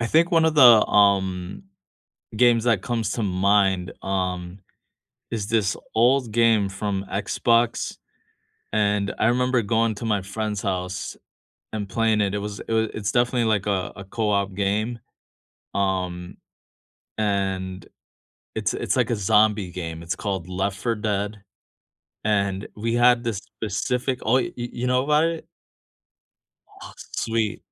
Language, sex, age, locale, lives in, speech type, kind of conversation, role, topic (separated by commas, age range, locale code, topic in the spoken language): English, male, 35-39, en-US, United States, conversation, unstructured, none, Which video games have sparked great friendships or memorable co-op moments for you?